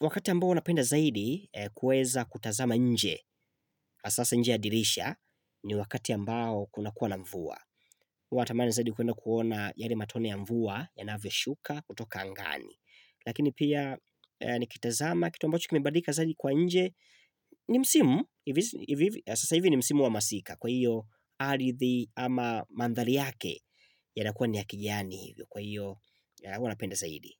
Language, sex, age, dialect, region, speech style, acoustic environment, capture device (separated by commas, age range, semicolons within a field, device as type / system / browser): Swahili, male, 25-34, Tanzania - Dar es Salaam, Tanzania, excited; focused; happy, silence, mobile / Linux / Chrome